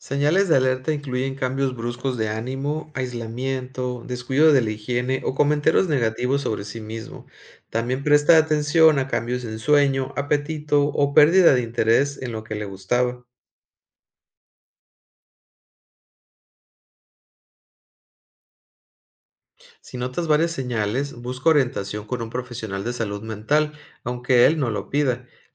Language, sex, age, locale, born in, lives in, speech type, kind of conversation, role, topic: Spanish, male, 40-44, es-MX, Mexico, Mexico, read, expert-assistant, expert, ¿Cuál sería una buena analogía para explicar la depresión a alguien que nunca la ha experimentado?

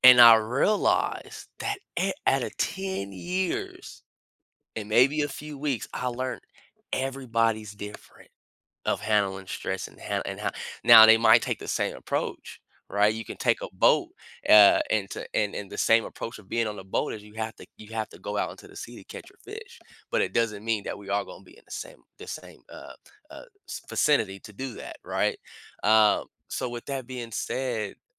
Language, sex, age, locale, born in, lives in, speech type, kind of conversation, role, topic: English, male, 30-34, en-US, United States, United States, conversation, podcast, guest, What are some effective ways to handle stress when life gets hectic?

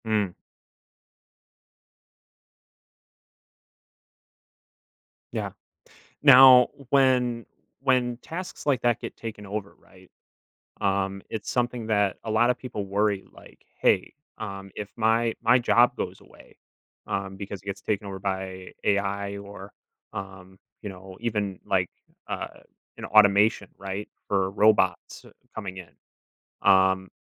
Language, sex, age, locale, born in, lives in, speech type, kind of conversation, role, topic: English, male, 25-29, en-US, United States, United States, conversation, podcast, host, How might advances in technology shape our lives in the coming years?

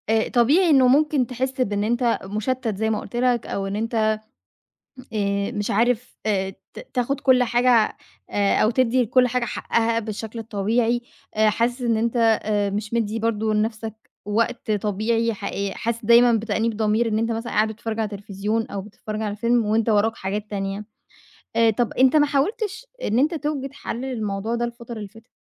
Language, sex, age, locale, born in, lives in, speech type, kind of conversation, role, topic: Arabic, female, 25-29, ar-EG, Egypt, Egypt, conversation, advice, advisor, إزاي أقدر أحافظ على روتين يومي يخلّيني أشتغل بتركيز؟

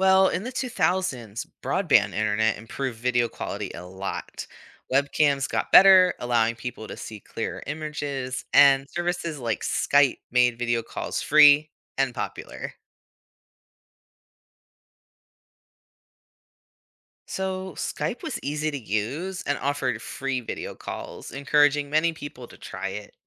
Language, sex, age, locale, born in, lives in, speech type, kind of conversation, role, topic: English, male, 35-39, en-US, United States, United States, read, expert-assistant, expert, What’s the history of video conferencing?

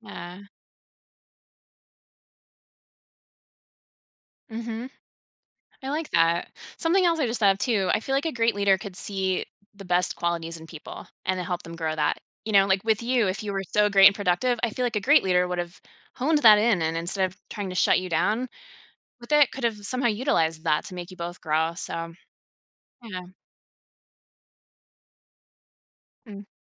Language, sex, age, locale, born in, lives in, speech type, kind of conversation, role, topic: English, female, 40-44, en-US, United States, United States, conversation, podcast, host, How do effective leaders inspire and support their teams in the workplace?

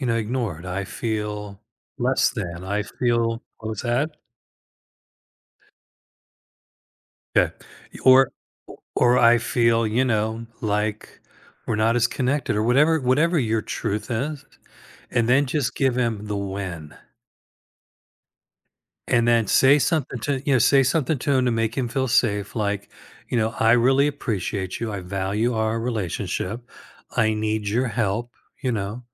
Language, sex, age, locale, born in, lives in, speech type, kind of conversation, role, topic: English, male, 65-69, en-US, United States, United States, conversation, advice, advisor, How do I bring up feeling unappreciated with my partner without making things worse?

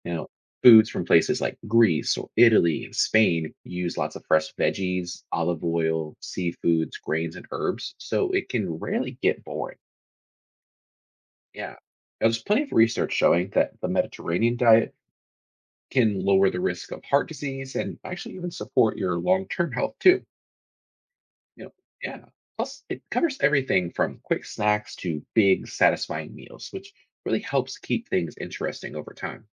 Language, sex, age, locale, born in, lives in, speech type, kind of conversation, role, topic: English, male, 30-34, en-US, United States, United States, read, expert-assistant, expert, Which cuisine would you choose to eat forever?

